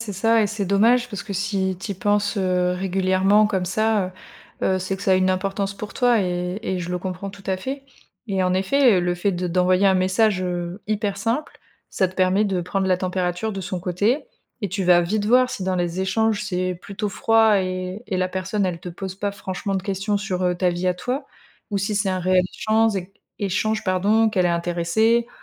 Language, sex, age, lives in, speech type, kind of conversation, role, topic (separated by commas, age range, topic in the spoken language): French, female, 30-34, France, conversation, advice, advisor, Pourquoi voulez-vous reprendre contact après une longue rupture d’amitié ?